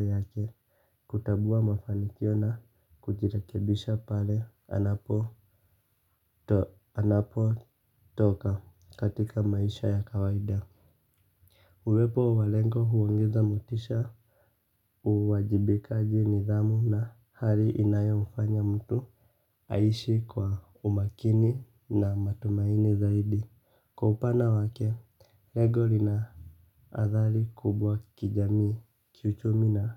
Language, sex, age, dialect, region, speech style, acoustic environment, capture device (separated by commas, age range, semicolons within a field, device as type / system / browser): Swahili, male, 25-34, Kenya - Nairobi Sheng-influenced, Kenya, relaxed; happy; excited, silence, mobile / Linux / Chrome